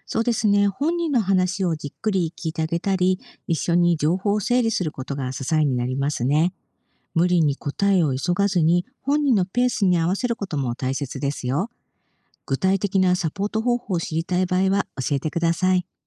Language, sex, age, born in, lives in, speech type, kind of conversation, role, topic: Japanese, female, 50-54, Japan, Japan, read, expert-assistant, expert, もし自分や大切な人が重い病気になったら、どんな治療を選びたいと思いますか？その選択にどんな気持ちや考えが影響するでしょうか。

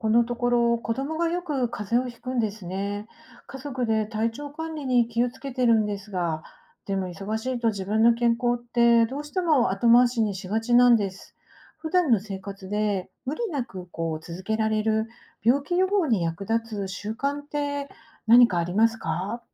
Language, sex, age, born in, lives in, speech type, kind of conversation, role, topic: Japanese, female, 50-54, Japan, Japan, read, expert-assistant, asker, 家族でできる健康習慣にはどんなものがありますか？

